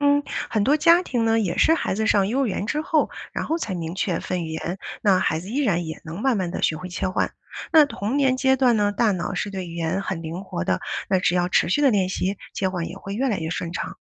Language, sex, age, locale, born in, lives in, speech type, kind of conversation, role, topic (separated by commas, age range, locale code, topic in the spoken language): Chinese, female, 40-44, zh-CN, China, United States, read, expert-assistant, expert, 在家庭中同时使用普通话和家乡话，会影响小孩的语言学习吗？我应该怎么平衡？